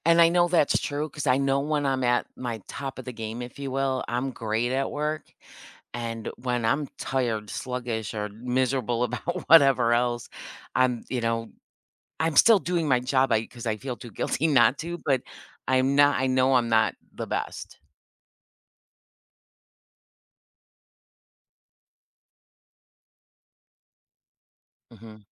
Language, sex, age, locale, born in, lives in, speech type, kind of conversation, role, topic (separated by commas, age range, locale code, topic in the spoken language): English, female, 55-59, en-US, United States, United States, conversation, advice, user, How can I recharge in small ways during a packed day?